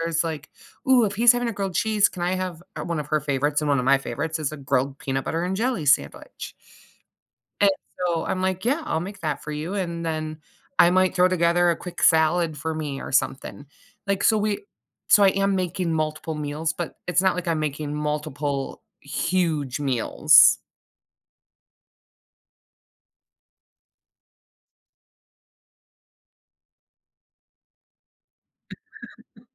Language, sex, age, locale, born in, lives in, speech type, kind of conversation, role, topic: English, female, 40-44, en-US, United States, United States, conversation, podcast, guest, What influences your choices when deciding what to make for dinner?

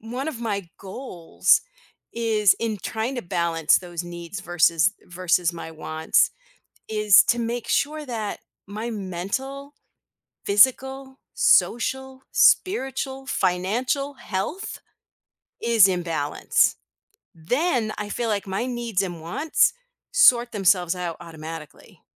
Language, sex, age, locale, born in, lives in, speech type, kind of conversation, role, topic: English, female, 55-59, en-US, United States, United States, conversation, podcast, guest, How do you decide what is truly essential in your life?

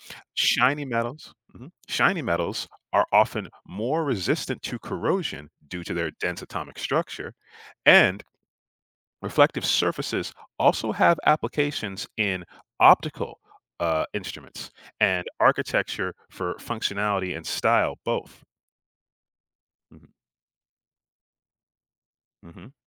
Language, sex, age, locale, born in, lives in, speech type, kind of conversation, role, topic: English, male, 35-39, en-US, United States, United States, read, expert-assistant, expert, What makes certain metals shiny?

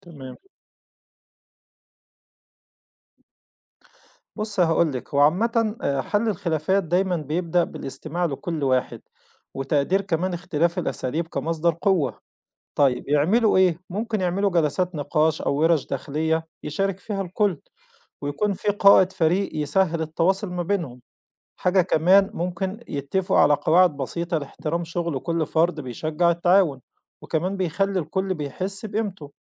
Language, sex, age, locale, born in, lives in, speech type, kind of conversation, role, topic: Arabic, male, 40-44, ar-EG, Egypt, Egypt, read, expert-assistant, expert, إزاي ممكن نستغل التكنولوجيا علشان نحيي الفنون الشعبية المصرية ونوصّلها للعالم كله؟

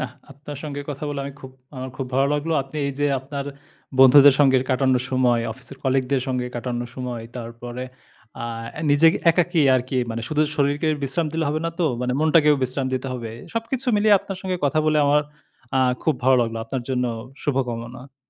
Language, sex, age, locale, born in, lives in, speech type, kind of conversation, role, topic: Bengali, male, 30-34, bn-BD, Bangladesh, Bangladesh, conversation, podcast, host, ব্যস্ত দিনে তুমি কীভাবে শরীর ও মনকে একটু বিশ্রাম দাও?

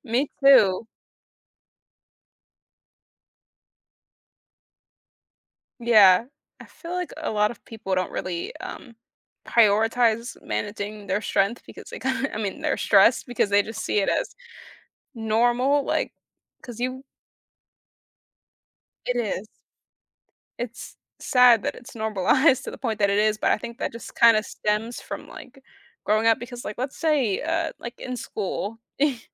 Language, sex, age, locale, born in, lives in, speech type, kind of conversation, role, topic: English, female, 20-24, en-US, United States, United States, conversation, unstructured, none, Would you rather always have perfect weather or always have perfect health?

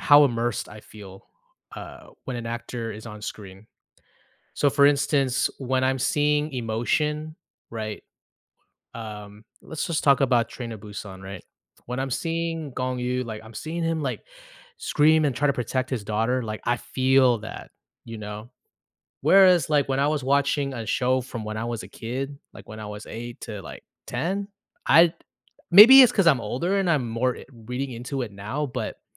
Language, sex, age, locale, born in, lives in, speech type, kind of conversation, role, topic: English, male, 35-39, en-US, United States, United States, conversation, podcast, host, How have advances in technology and changing viewer habits shaped the way we watch television today?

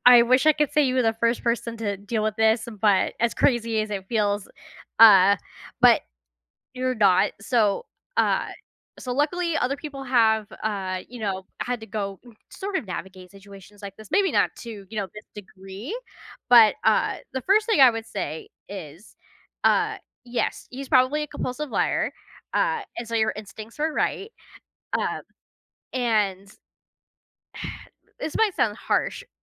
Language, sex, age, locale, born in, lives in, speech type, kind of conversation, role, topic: English, female, 30-34, en-US, United States, United States, conversation, advice, advisor, How can I address ongoing dishonesty from my partner?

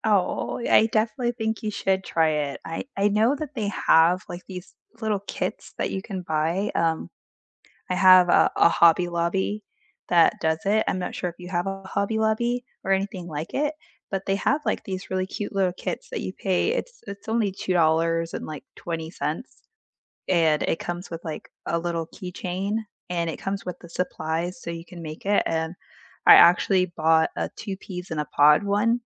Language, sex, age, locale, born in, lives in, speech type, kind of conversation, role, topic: English, female, 30-34, en-US, United States, United States, conversation, unstructured, none, What routine helps you unwind after a long day?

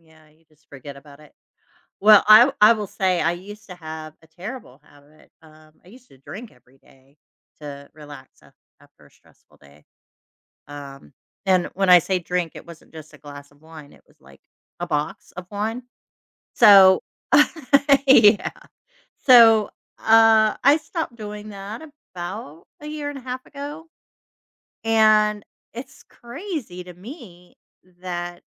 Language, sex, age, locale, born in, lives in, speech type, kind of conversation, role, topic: English, female, 50-54, en-US, United States, United States, conversation, unstructured, none, What helps you relax after a stressful day?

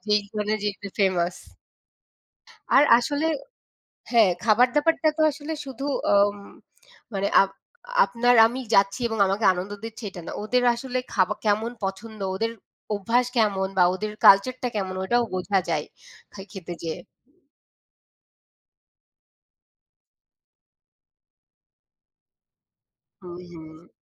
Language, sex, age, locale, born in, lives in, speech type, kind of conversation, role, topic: Bengali, female, 25-29, bn-BD, Bangladesh, Bangladesh, conversation, unstructured, none, ভ্রমণে আপনি কোন ধরনের খাবার সবচেয়ে বেশি পছন্দ করেন?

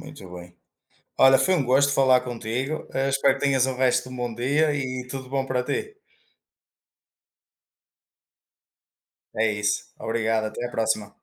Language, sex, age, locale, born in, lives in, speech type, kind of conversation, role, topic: Portuguese, male, 25-29, pt-PT, Portugal, Portugal, conversation, unstructured, none, Você já tentou aprender a tocar um instrumento musical? Como foi?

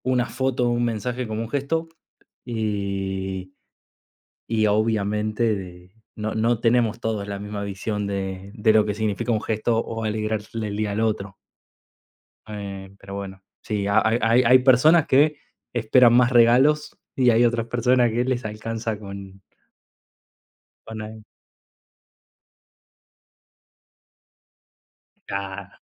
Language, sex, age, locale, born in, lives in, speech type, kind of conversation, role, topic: Spanish, male, 30-34, es-AR, Argentina, Italy, conversation, unstructured, none, ¿Qué pequeño gesto de un amigo o familiar te ha alegrado el día?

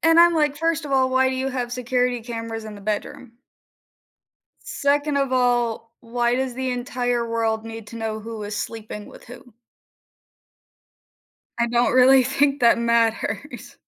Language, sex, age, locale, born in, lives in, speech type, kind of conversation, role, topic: English, female, 20-24, en-US, United States, United States, conversation, unstructured, none, How do reality TV shows shape our views and conversations about society?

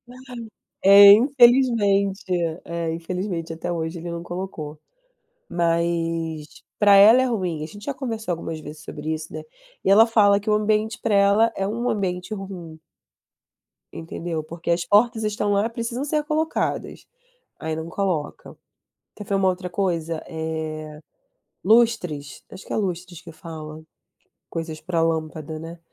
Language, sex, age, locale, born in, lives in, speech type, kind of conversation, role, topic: Portuguese, female, 30-34, pt-BR, Brazil, Germany, conversation, unstructured, none, Qual é o seu ambiente ideal para relaxar em casa?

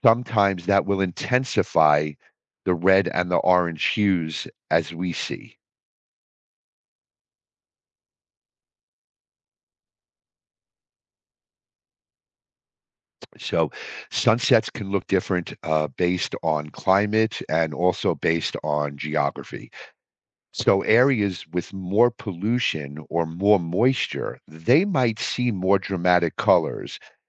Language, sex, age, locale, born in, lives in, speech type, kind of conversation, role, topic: English, male, 55-59, en-US, United States, United States, read, expert-assistant, expert, What causes the sky to change colors during sunset?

